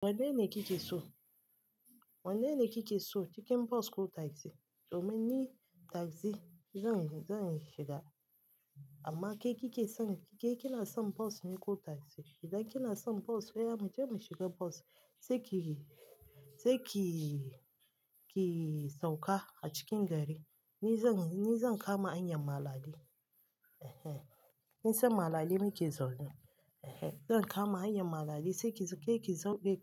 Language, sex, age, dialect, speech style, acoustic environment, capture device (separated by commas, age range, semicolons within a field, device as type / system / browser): Hausa, female, 25-34, Nigeria - Lagos Yoruba, relaxed, silence, mobile / Linux / Chrome